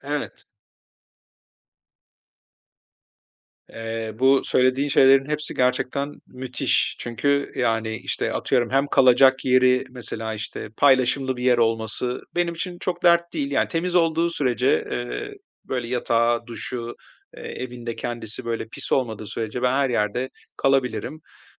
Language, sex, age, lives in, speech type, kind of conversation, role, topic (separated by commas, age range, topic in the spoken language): Turkish, male, 45-49, Spain, conversation, advice, user, Bu yaz bütçemi zorlamadan keyifli bir seyahati nasıl planlayabilirim?